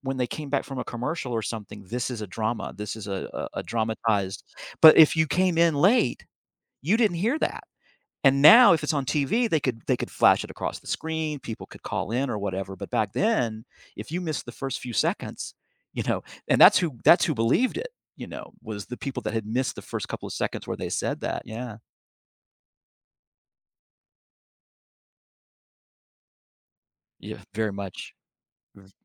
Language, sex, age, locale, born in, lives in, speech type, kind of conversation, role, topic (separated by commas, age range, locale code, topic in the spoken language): English, male, 55-59, en-US, United States, United States, conversation, unstructured, none, What helps you decide whether to trust information you see in the news?